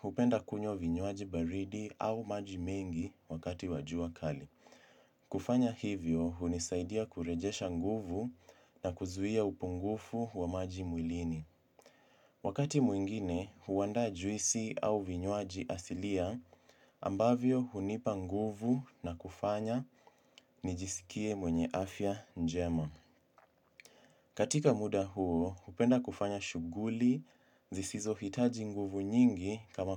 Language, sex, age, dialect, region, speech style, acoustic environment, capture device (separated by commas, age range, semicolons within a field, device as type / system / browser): Swahili, male, 18-24, Kenya - Mombasa, Kenya, focused, silence, mobile / Linux / Chrome